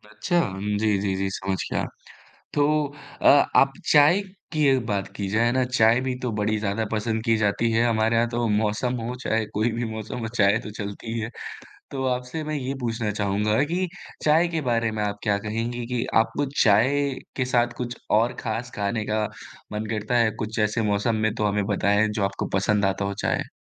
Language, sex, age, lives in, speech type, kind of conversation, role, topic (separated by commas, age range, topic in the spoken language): Hindi, male, 20-24, India, conversation, podcast, host, अचानक ठंड या बारिश होने पर आप कौन-सा सुकून देने वाला खाना बनाते हैं?